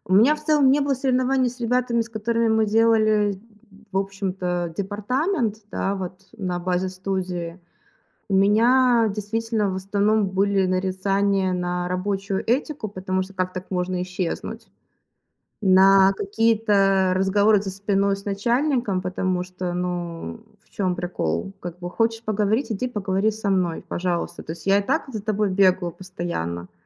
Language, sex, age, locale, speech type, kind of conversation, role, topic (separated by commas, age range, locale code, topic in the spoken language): Russian, female, 25-29, ru-RU, conversation, podcast, guest, Можно ли одновременно быть наставником и другом?